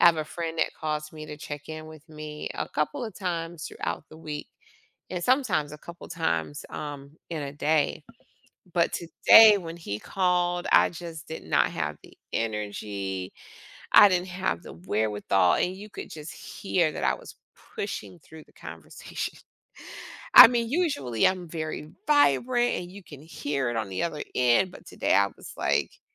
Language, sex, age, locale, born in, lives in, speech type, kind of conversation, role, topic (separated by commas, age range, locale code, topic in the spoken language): English, female, 45-49, en-US, United States, United States, conversation, podcast, guest, What are some effective ways to keep conversations engaging and natural?